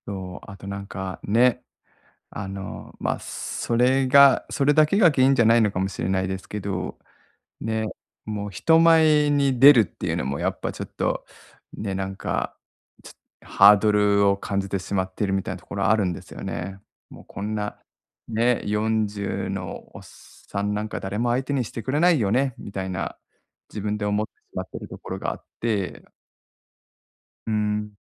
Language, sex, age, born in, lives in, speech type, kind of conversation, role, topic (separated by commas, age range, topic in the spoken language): Japanese, male, 40-44, Japan, Japan, conversation, advice, user, 自分の自己肯定感を高めるにはどうすればよいですか？